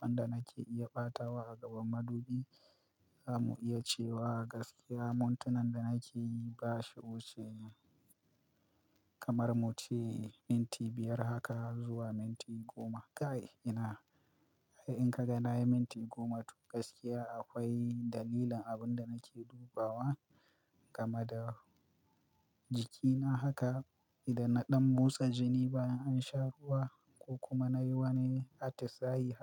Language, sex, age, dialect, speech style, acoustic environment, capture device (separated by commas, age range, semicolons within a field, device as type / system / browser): Hausa, male, 25-34, Nigeria - Kano, excited, music, mobile / Linux / Chrome